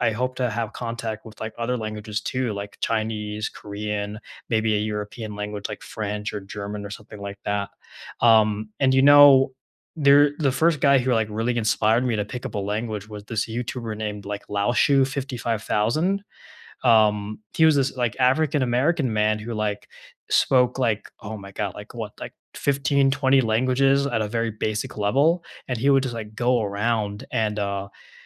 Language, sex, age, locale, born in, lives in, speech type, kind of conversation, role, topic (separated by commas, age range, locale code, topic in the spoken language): English, male, 20-24, en-US, United States, United States, conversation, unstructured, none, What are you currently learning just for fun, and what drew you to it?